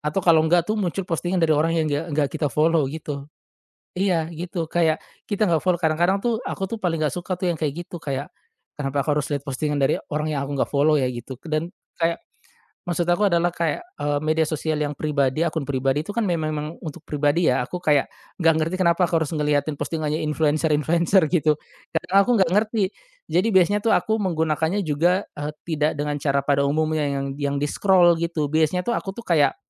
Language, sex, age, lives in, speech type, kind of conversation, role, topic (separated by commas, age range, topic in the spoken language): Indonesian, male, 35-39, Indonesia, conversation, podcast, guest, Bagaimana media sosial memengaruhi rasa keterhubungan kita dengan orang lain?